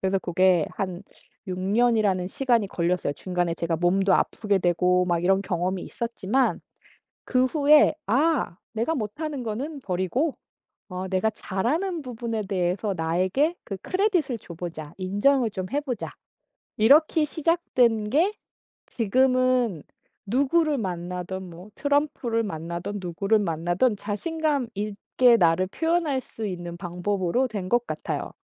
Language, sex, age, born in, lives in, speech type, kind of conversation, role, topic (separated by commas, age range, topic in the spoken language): Korean, female, 40-44, South Korea, United States, conversation, podcast, guest, 사람들 앞에서 자신감을 갖는 비결은 무엇인가요?